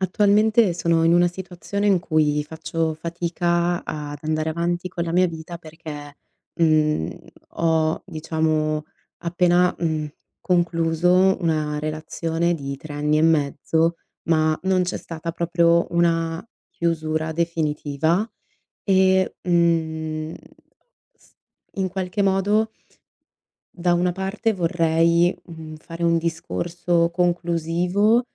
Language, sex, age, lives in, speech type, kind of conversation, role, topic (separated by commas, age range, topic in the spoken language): Italian, female, 25-29, Italy, conversation, advice, user, Come posso trovare una chiusura anche senza un confronto finale?